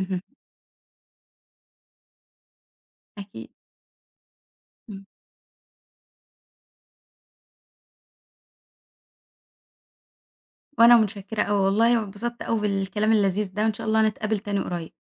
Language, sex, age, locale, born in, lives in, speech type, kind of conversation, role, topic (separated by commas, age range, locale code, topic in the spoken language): Arabic, female, 20-24, ar-EG, Egypt, Egypt, conversation, podcast, guest, إزاي بتعتذر لما تغلط؟